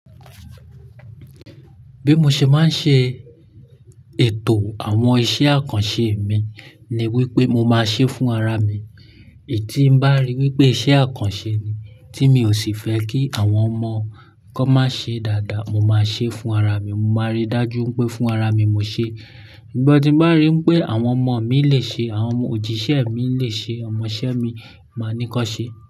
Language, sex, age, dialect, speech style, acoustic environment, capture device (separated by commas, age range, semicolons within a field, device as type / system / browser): Yoruba, male, 25-34, Nigeria - Lagos Yoruba, excited, silence, mobile / Linux / Chrome